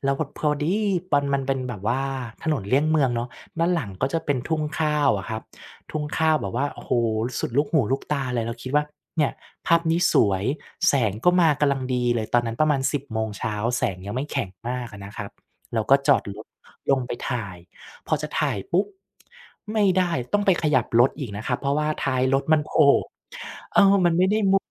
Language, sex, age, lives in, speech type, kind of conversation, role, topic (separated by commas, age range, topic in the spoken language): Thai, male, 35-39, Thailand, conversation, podcast, guest, งานอดิเรกเก่าที่คุณกลับมาทำอีกครั้งเป็นยังไงบ้าง เล่าให้ฟังหน่อยได้ไหม?